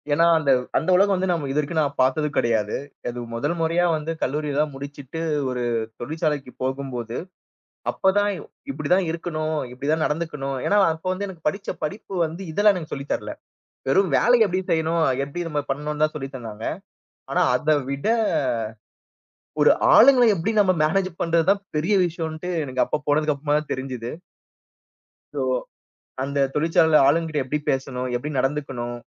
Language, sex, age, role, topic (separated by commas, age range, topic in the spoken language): Tamil, male, 30-34, guest, தெளிவில்லாத சூழ்நிலையில் நீங்கள் முடிவு எடுக்கும் முறை என்ன?